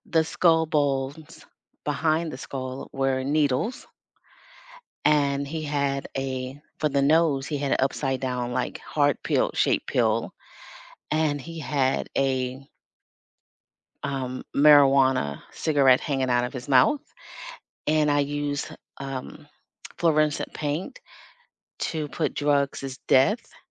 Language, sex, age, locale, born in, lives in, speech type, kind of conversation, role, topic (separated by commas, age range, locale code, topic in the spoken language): English, female, 55-59, en-US, United States, United States, conversation, unstructured, none, Which class project will you never forget, and what did it teach you about learning, teamwork, or yourself?